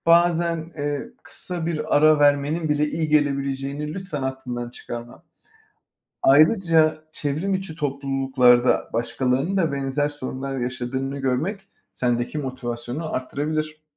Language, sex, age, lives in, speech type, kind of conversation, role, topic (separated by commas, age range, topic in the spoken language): Turkish, male, 35-39, Spain, read, expert-assistant, expert, Yeni öğrendiklerimi kalıcı kılmak için neler yapabilirim?